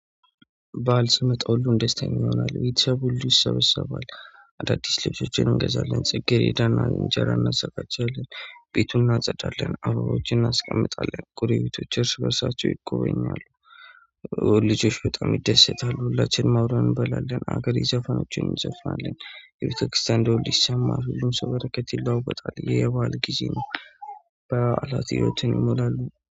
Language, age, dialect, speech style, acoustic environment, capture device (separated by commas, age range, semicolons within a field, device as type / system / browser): Amharic, 25-34, Ethiopia - Addis Ababa, happy, nature, mobile / Android / Chrome